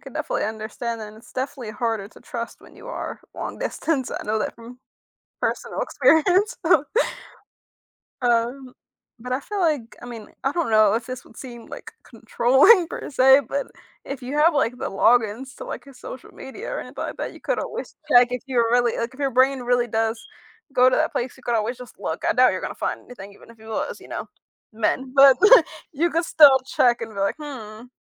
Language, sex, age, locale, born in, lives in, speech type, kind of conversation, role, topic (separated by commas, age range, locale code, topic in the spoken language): English, female, 20-24, en-US, United States, United States, conversation, advice, advisor, How can I communicate better with my partner during disagreements?